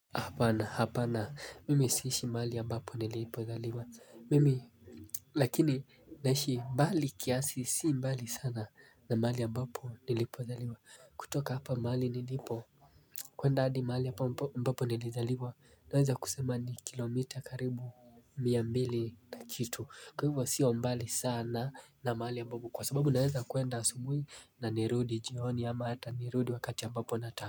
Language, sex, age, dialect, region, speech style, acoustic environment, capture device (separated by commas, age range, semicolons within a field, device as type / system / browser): Swahili, male, 18-24, Kenya - Mombasa, Kenya, happy; relaxed, silence, mobile / Linux / Chrome